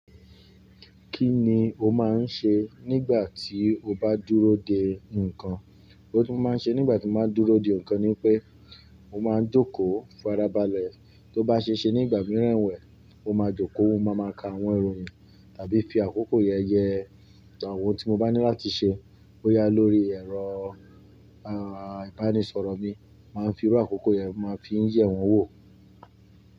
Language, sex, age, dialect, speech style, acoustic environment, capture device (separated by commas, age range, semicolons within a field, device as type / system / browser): Yoruba, male, 35-44, Nigeria - Ibadan, relaxed, appliances, mobile / Linux / Chrome